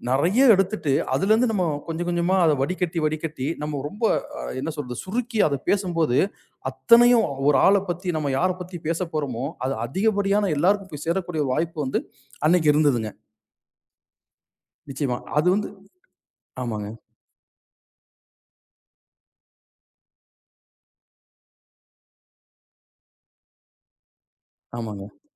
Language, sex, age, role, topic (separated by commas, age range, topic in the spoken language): Tamil, male, 40-44, guest, இணையம் வந்ததும் உங்கள் பாரம்பரிய கற்றல் முறைகள் எப்படிப் மாற்றமடைந்தன?